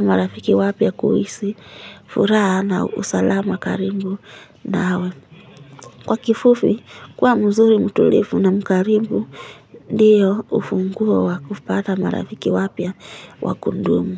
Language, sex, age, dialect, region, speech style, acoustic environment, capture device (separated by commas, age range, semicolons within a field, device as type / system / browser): Swahili, female, 18-24, Kenya - Nairobi Sheng-influenced, Kenya, relaxed, people talking; music; nature, mobile / Linux / Chrome